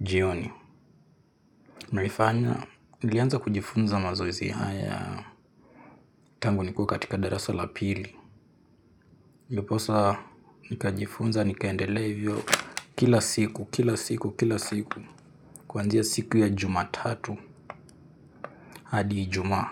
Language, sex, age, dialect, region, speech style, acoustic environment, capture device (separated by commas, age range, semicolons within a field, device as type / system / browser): Swahili, male, 18-24, Kenya - Nairobi Sheng-influenced, Kenya, relaxed, nature, mobile / Linux / Chrome